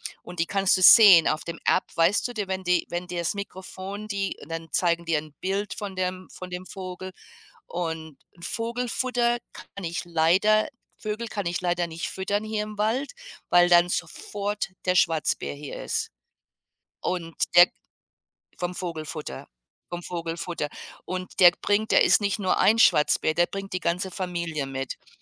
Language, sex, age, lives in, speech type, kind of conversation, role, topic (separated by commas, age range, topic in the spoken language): German, female, 55-59, United States, conversation, unstructured, none, Welche kleinen Dinge machen dich glücklich?